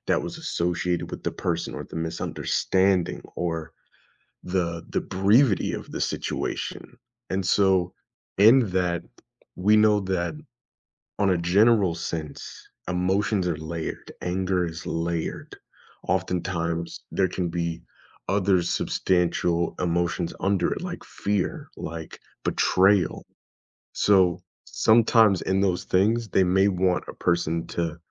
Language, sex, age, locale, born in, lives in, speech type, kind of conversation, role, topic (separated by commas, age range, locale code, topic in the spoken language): English, male, 25-29, en-US, United States, United States, conversation, podcast, guest, What are thoughtful ways friends can help someone cope with loss?